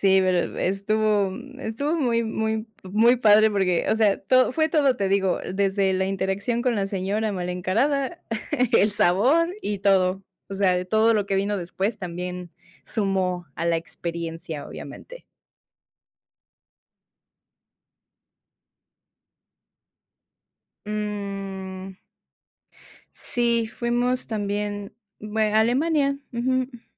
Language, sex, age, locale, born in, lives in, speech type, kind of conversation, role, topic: Spanish, female, 30-34, es-MX, Mexico, Mexico, conversation, podcast, guest, ¿Cuál ha sido tu comida callejera favorita durante un viaje?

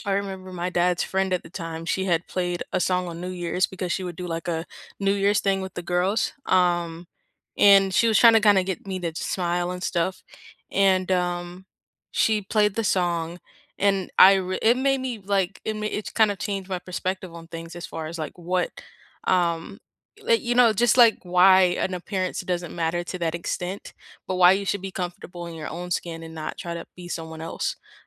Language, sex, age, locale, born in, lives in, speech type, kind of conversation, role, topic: English, female, 18-19, en-US, United States, United States, conversation, unstructured, none, Which series or artist first sparked your obsession, and how did it shape your tastes?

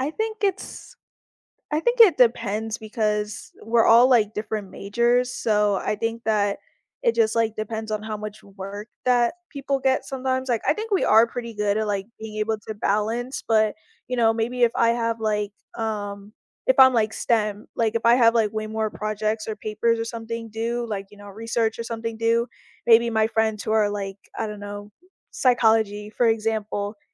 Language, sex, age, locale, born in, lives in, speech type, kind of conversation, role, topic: English, female, 20-24, en-US, United States, United States, conversation, podcast, guest, What helps you maintain a healthy balance between your responsibilities and personal time?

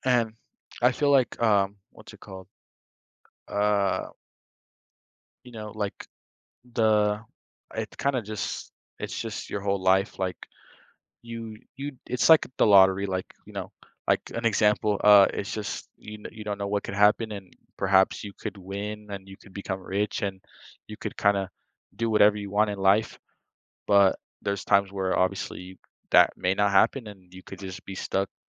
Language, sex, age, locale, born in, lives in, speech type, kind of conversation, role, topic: English, male, 18-19, en-US, United States, United States, conversation, unstructured, none, Would you rather have a one-minute conversation with your future self or your past self?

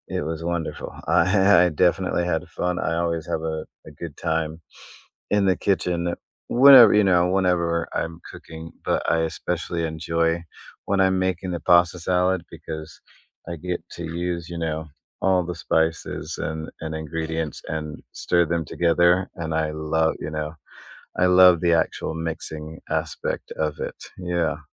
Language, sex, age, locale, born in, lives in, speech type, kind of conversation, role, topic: English, male, 30-34, en-US, United States, United States, conversation, unstructured, none, What comfort food do you love, and what kitchen experiment are you proud of?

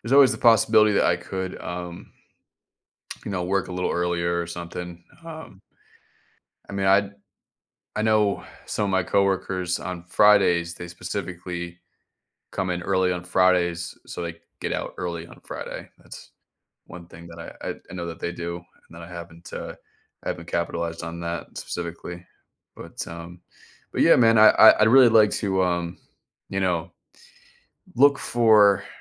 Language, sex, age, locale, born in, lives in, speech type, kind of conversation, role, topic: English, male, 30-34, en-US, United States, United States, conversation, advice, user, How can I break out of a monotonous routine and feel motivated again?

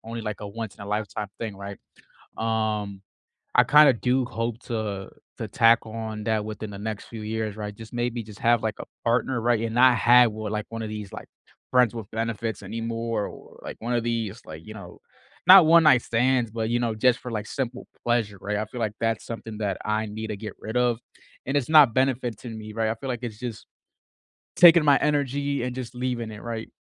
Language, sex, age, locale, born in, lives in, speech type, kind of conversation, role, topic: English, male, 20-24, en-US, United States, United States, conversation, unstructured, none, What new experiences, habits, or relationships do you hope to add in the next year?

